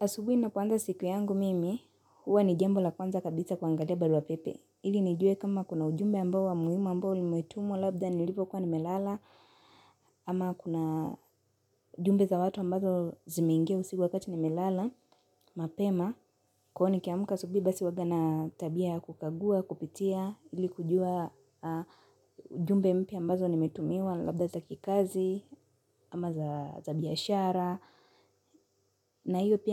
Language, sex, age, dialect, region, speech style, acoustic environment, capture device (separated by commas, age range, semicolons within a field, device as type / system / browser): Swahili, female, 18-24, Kenya - Nairobi Sheng-influenced, Kenya, happy; relaxed; excited; focused, nature; silence, mobile / Linux / Chrome